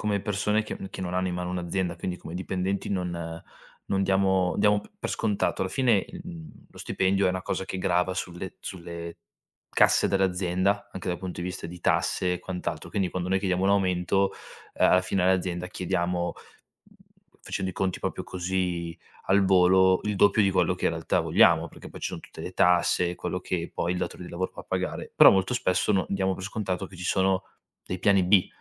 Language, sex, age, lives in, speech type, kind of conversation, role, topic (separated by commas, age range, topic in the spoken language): Italian, male, 25-29, Italy, conversation, advice, advisor, Come posso negoziare un aumento di stipendio?